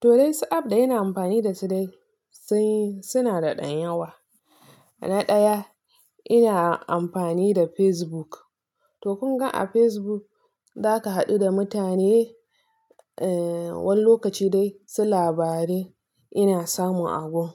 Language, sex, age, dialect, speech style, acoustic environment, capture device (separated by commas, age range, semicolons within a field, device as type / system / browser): Hausa, female, 25-34, Nigeria - Nigerian Standard, relaxed, nature; silence, mobile / Linux / Chrome